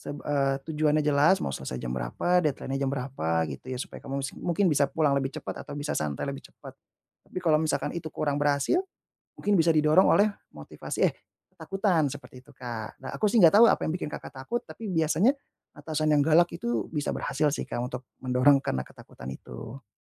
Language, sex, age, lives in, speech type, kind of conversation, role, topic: Indonesian, male, 30-34, Indonesia, conversation, advice, advisor, Bagaimana cara mencegah gangguan selama sesi kerja fokus harian?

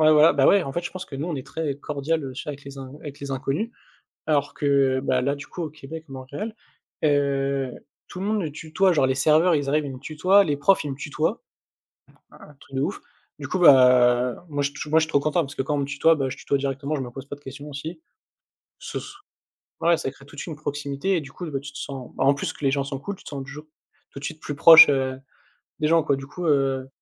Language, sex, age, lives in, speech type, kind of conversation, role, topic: French, male, 20-24, France, conversation, unstructured, none, Quel voyage t’a le plus marqué émotionnellement ?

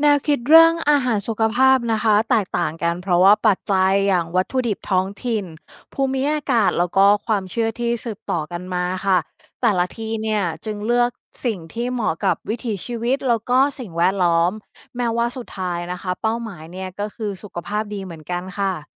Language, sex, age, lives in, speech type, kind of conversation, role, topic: Thai, female, 35-39, Thailand, read, expert-assistant, expert, เพราะอะไรพฤติกรรมการกินอาหารเพื่อสุขภาพถึงแตกต่างกันในแต่ละวัฒนธรรม?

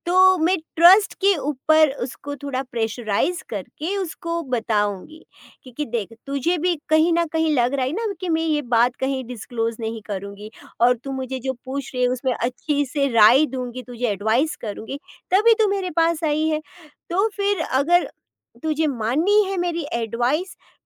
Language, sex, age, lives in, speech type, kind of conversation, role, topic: Hindi, female, 40-44, India, conversation, podcast, guest, Tum sunne aur apna opinion dene ke beech ka balance kaise banate ho?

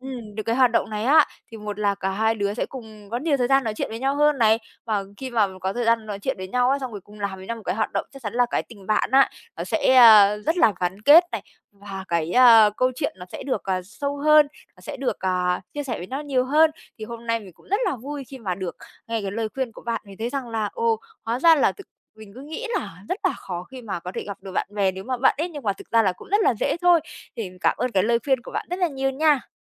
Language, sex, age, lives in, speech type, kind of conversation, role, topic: Vietnamese, female, 25-29, Vietnam, conversation, advice, user, Làm thế nào để vẫn có thể gặp bạn bè khi bạn quá bận rộn?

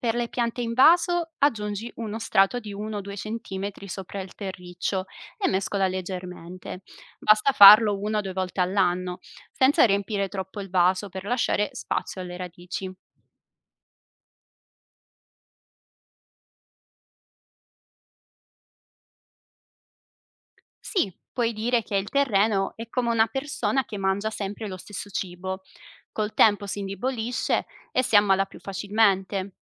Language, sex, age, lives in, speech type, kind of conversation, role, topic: Italian, female, 25-29, Italy, read, expert-assistant, expert, Perché è importante alternare le colture nel terreno?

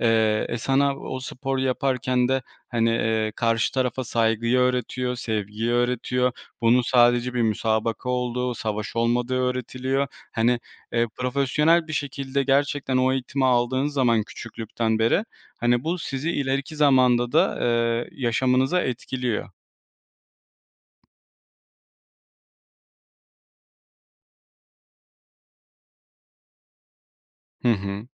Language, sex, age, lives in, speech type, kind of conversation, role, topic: Turkish, male, 25-29, Poland, conversation, podcast, guest, Çocukken desteklendiğinizi hissettiğiniz bir anıyı anlatır mısınız?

